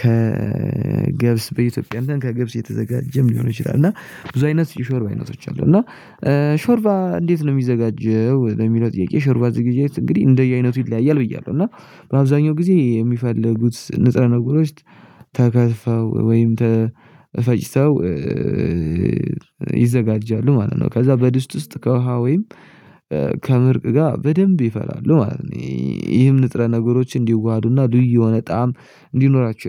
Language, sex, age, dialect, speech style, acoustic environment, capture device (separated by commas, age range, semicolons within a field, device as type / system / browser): Amharic, male, 18-24, Ethiopia - Addis Ababa, happy, silence, mobile / Linux / Chrome